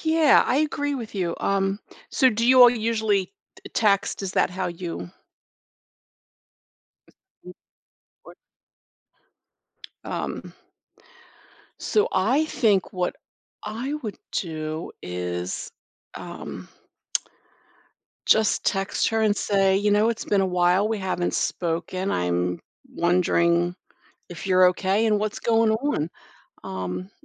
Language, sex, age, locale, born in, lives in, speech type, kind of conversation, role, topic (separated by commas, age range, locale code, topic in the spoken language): English, female, 60-64, en-US, United States, United States, conversation, advice, advisor, How do I decide whether to confront someone who hurt me?